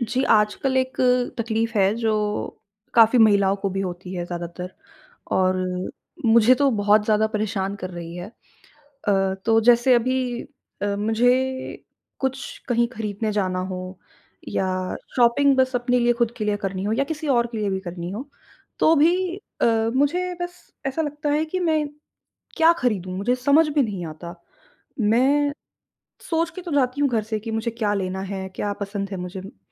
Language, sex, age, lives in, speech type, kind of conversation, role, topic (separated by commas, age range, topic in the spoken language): Hindi, female, 20-24, India, conversation, advice, user, खरीदारी के इतने सारे विकल्पों में मैं अभिभूत महसूस कर रहा/रही हूँ—मैं कहाँ से शुरुआत करूँ?